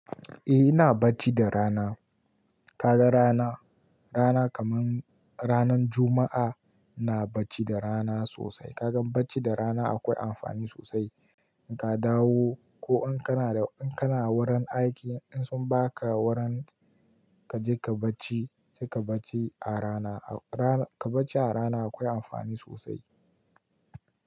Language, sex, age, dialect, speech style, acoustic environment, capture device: Hausa, male, 18-24, Nigeria - Kano, relaxed, nature, mobile / Linux / Chrome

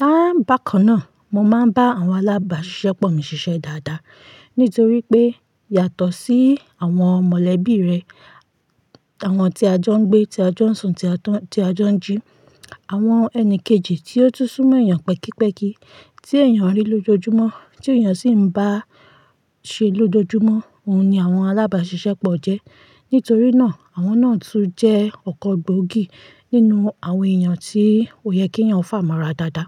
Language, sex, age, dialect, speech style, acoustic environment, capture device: Yoruba, female, 25-34, Nigeria - Ibadan, relaxed; focused, silence, mobile / Linux / Chrome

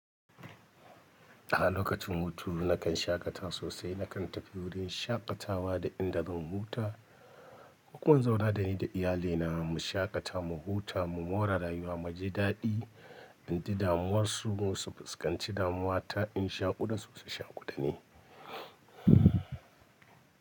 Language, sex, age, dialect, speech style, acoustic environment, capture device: Hausa, male, 35-44, Nigeria - Kano, happy, nature, mobile / Linux / Chrome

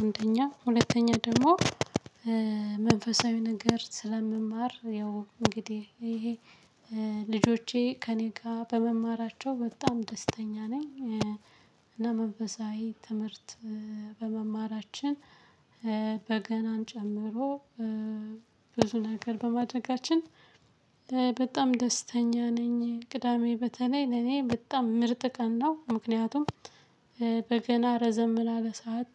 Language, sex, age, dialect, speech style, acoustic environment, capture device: Amharic, female, 18-24, Ethiopia - Gondar, relaxed, silence, mobile / Linux / Chrome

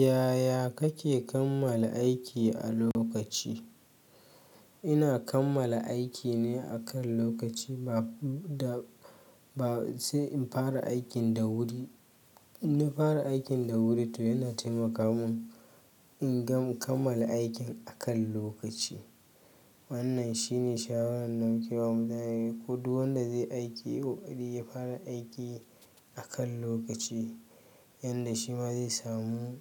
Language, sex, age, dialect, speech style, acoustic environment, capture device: Hausa, male, 25-34, Nigeria - Kano, happy, silence; nature, mobile / Linux / Chrome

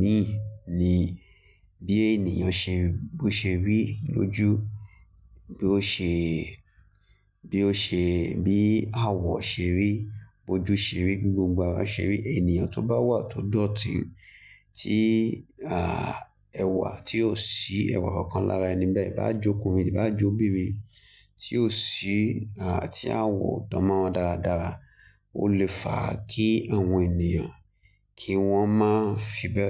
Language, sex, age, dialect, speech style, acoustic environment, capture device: Yoruba, male, 25-34, Nigeria - Lagos Yoruba, happy; focused, nature, mobile / Linux / Chrome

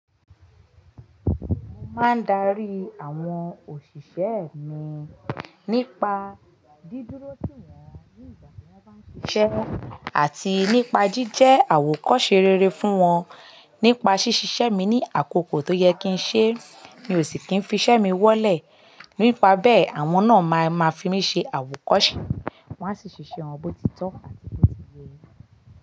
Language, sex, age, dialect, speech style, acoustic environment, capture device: Yoruba, female, 25-34, Nigeria - Lagos Yoruba, anxious, people talking; nature, mobile / Linux / Chrome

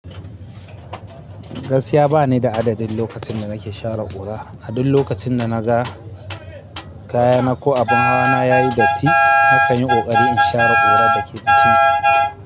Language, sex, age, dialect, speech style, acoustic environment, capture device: Hausa, male, 25-34, Nigeria - Kano, focused, people talking, mobile / Linux / Chrome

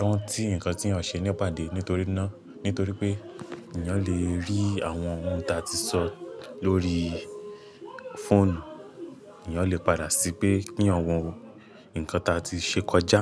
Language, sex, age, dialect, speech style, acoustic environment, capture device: Yoruba, male, 18-24, Nigeria - Lagos Yoruba, relaxed, nature; music, mobile / Linux / Chrome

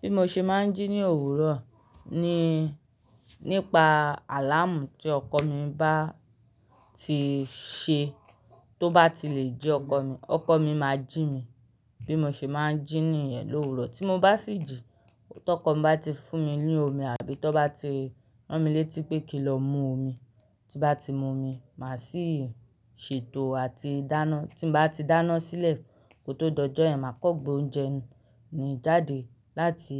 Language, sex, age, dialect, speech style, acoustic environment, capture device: Yoruba, male, 35-44, Nigeria - Lagos Yoruba, relaxed, people talking, mobile / Linux / Chrome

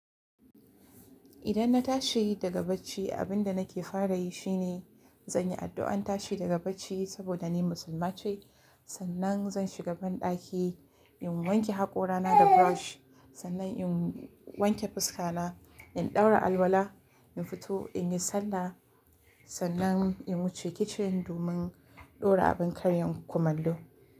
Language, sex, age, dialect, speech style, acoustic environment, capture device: Hausa, female, 18-24, Nigeria - Kano, excited, nature, mobile / Linux / Chrome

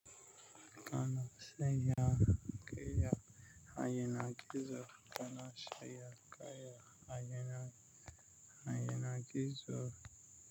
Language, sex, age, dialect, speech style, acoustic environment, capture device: Hausa, male, 25-34, Nigeria - Nigerian Standard, relaxed, nature, mobile / Linux / Chrome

